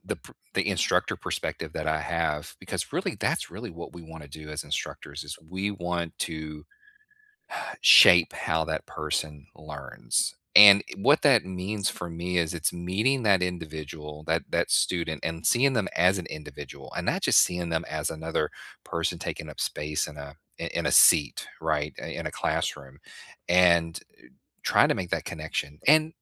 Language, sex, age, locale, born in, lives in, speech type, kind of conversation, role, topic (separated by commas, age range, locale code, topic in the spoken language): English, male, 45-49, en-US, United States, United States, conversation, unstructured, none, Which teacher changed the way you think about learning, and what made that impact last?